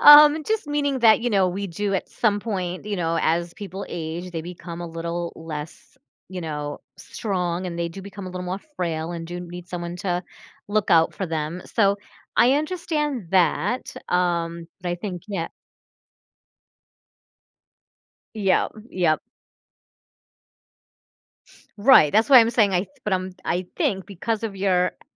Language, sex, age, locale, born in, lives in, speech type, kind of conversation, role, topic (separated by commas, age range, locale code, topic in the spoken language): English, female, 45-49, en-US, United States, United States, conversation, advice, advisor, How do I set boundaries with a family member who constantly criticizes my life choices?